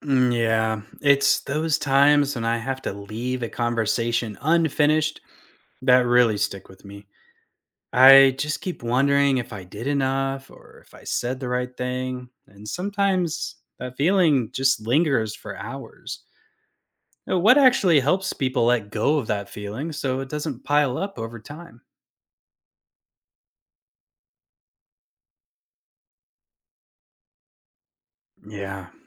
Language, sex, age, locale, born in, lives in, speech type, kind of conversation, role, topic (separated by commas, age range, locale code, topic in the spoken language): English, male, 35-39, en-US, United States, United States, read, expert-assistant, asker, How can clinicians balance empathy with professional boundaries?